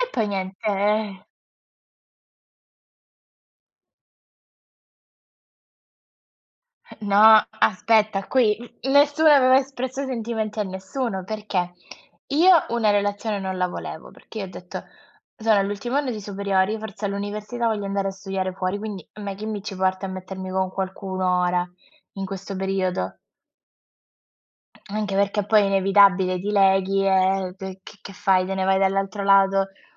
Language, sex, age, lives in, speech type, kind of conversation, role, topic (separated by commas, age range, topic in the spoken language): Italian, female, 20-24, Italy, conversation, unstructured, none, Quali sono gli elementi più importanti per una relazione felice?